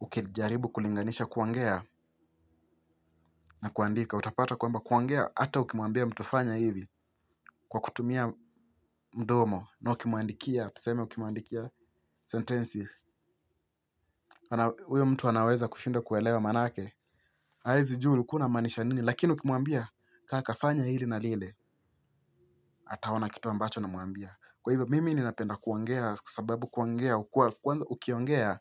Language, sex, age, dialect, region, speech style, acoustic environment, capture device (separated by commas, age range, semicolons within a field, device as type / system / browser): Swahili, male, 18-24, Kenya - Mombasa, Kenya, stressed; focused, silence, mobile / Linux / Chrome